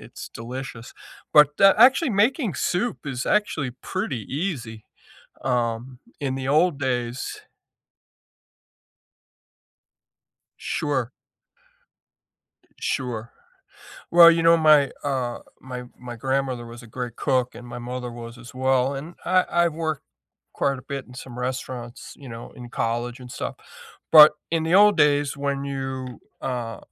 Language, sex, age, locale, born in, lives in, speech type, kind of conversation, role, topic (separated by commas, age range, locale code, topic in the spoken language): English, male, 65-69, en-US, United States, United States, conversation, unstructured, none, What comfort food do you love, and what kitchen experiment are you proud of?